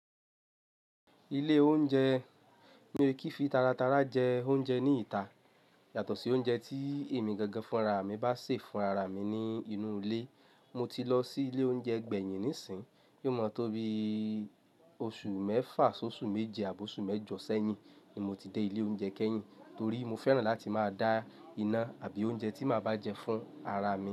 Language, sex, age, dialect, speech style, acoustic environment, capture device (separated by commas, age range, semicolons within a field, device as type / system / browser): Yoruba, male, 25-34, Nigeria - Lagos Yoruba, happy; relaxed; excited, silence, mobile / Linux / Chrome